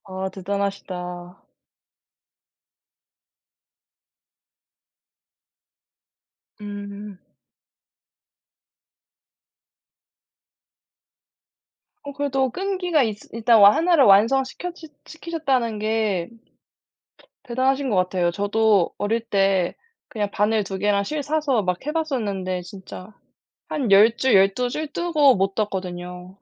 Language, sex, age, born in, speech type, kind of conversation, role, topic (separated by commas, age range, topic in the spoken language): Korean, female, 20-24, South Korea, conversation, unstructured, none, 취미를 하면서 배운 것 중에서 가장 재미있었던 점은 무엇인가요?